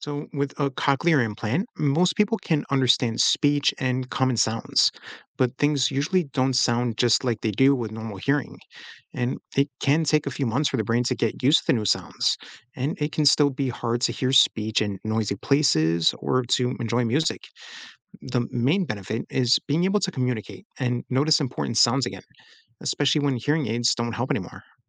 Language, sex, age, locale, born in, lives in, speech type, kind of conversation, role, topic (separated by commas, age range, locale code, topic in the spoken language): English, male, 35-39, en-US, United States, United States, read, expert-assistant, expert, How do sound waves travel and reach our ears?